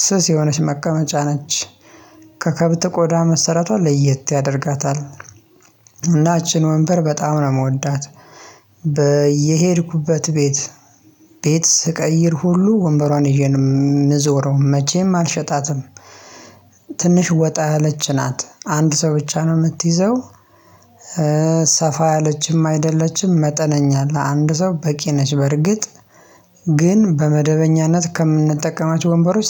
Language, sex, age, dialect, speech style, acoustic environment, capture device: Amharic, male, 25-34, Ethiopia - Addis Ababa, happy, silence, mobile / Linux / Chrome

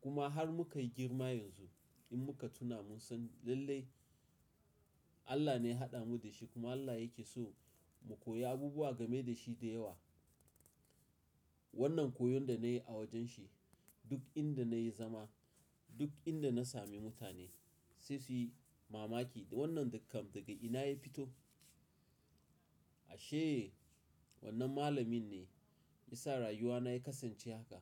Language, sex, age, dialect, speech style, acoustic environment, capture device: Hausa, male, 35-44, Nigeria - Nigerian Standard, focused; excited, silence, mobile / Linux / Chrome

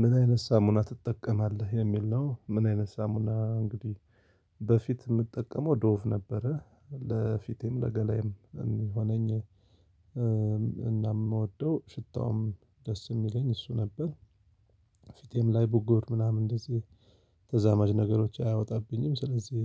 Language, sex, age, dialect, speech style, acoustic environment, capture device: Amharic, male, 35-44, Ethiopia - Addis Ababa, focused, silence, mobile / Linux / Chrome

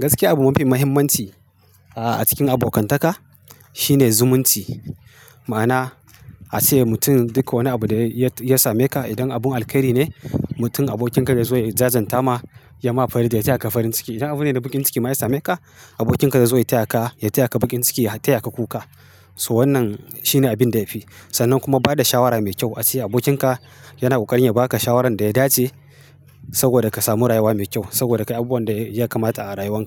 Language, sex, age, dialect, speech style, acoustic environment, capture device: Hausa, male, 35-44, Nigeria - Kano, happy; excited; focused, silence, mobile / Linux / Chrome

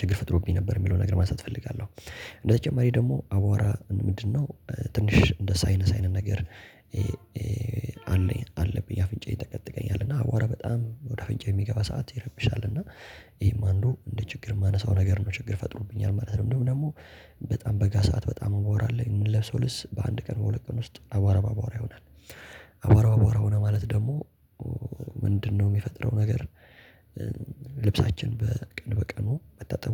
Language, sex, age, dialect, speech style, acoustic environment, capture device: Amharic, male, 18-24, Ethiopia - Addis Ababa, focused, silence, mobile / Linux / Chrome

